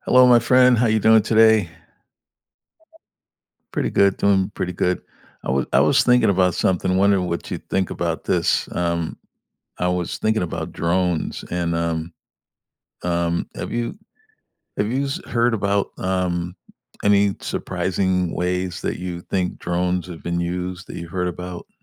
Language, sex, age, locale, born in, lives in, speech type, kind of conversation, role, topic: English, male, 65-69, en-US, United States, United States, conversation, unstructured, none, How are drones changing the way we solve everyday problems?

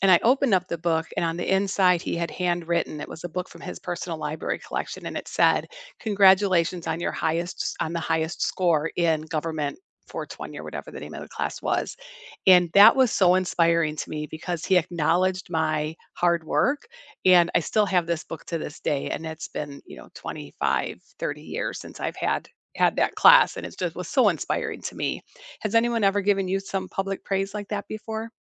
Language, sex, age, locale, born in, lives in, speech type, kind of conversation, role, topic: English, female, 55-59, en-US, United States, United States, conversation, unstructured, none, How can teachers inspire students?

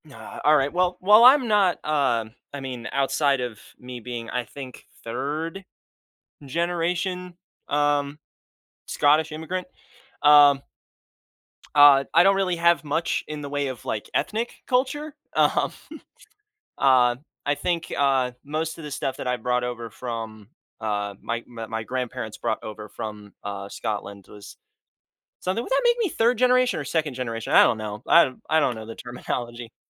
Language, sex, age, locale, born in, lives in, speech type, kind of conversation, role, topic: English, male, 20-24, en-US, United States, United States, conversation, podcast, guest, How do cultural celebrations shape your sense of identity and community?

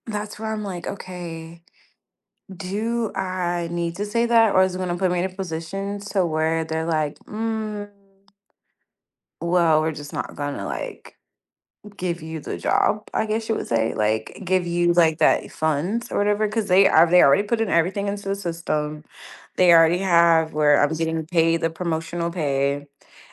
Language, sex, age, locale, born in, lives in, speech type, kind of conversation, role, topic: English, female, 30-34, en-US, United States, United States, conversation, advice, user, How can I position myself for a promotion at work?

